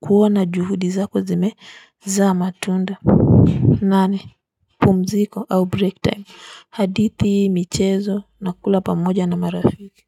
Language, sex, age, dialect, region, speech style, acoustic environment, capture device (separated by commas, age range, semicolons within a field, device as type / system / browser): Swahili, female, 35-44, Kenya - Nairobi Sheng-influenced, Kenya, happy, nature; silence, mobile / Linux / Chrome